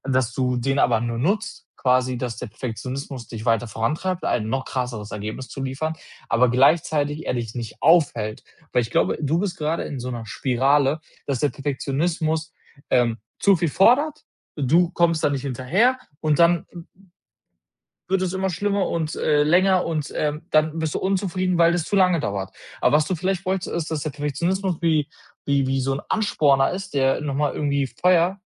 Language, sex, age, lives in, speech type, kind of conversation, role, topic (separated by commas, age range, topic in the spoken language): German, male, 25-29, Germany, conversation, advice, advisor, Wie hindert dich Perfektionismus daran, Aufgaben fertigzustellen?